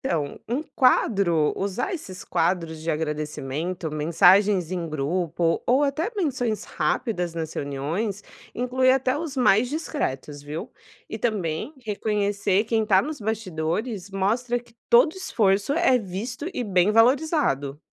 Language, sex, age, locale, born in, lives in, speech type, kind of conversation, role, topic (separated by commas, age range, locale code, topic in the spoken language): Portuguese, female, 30-34, pt-BR, Brazil, Portugal, read, expert-assistant, expert, Como escolher o que é mais urgente quando tudo parece importante na gestão da saúde?